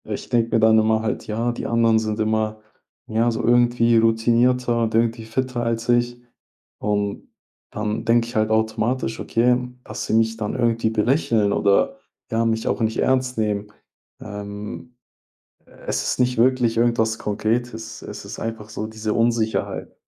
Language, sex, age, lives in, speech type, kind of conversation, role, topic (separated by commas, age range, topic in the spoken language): German, male, 20-24, Germany, conversation, advice, user, Wie kann ich mit Unwohlsein und Scham im Fitnessstudio umgehen, wenn ich Angst vor den Blicken anderer habe?